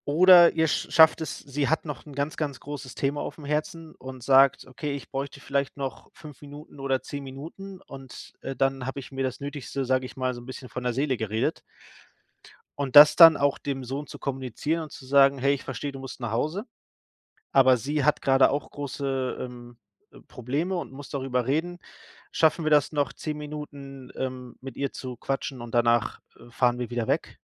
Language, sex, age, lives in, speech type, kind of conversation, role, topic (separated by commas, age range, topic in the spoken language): German, male, 30-34, Germany, conversation, advice, advisor, Wie kann ich Familienmitgliedern klare Grenzen setzen und sie verständlich erklären?